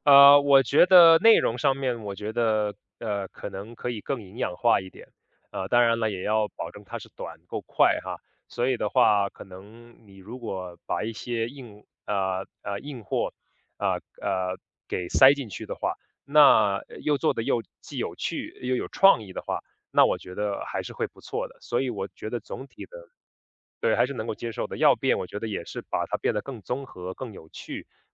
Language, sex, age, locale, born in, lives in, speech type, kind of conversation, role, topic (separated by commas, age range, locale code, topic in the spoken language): Chinese, male, 30-34, zh-CN, China, United States, conversation, podcast, guest, 短视频如何影响我们对兴趣的培养与坚持？